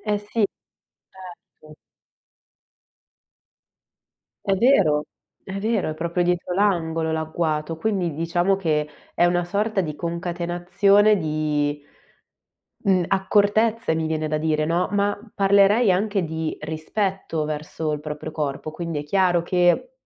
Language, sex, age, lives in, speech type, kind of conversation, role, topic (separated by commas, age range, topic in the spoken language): Italian, female, 25-29, Italy, conversation, podcast, host, Quali abitudini alimentari ti fanno sentire meglio?